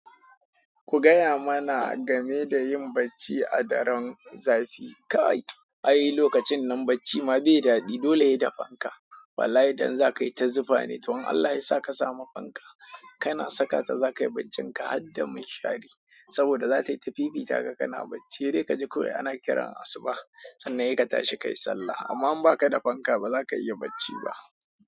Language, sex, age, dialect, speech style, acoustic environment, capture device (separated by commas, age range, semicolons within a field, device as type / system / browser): Hausa, male, 25-34, Nigeria - Kano, happy; excited, silence; people talking, mobile / Android / Chrome